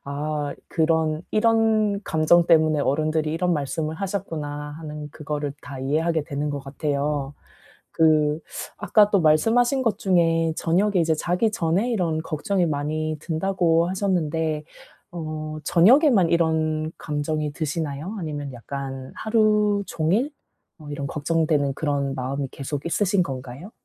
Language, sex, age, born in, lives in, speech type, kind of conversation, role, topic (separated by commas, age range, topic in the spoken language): Korean, female, 35-39, South Korea, South Korea, conversation, advice, advisor, 어떻게 하면 반복되는 걱정의 악순환을 멈추고 벗어날 수 있을까요?